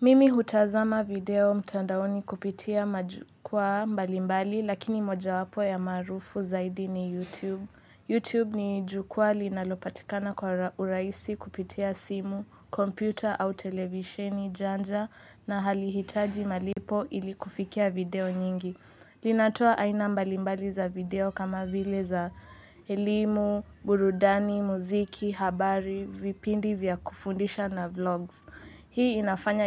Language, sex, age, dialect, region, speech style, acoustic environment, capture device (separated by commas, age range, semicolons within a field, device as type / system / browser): Swahili, male, 18-24, Kenya - Nairobi Sheng-influenced, Kenya, relaxed, silence, mobile / Linux / Chrome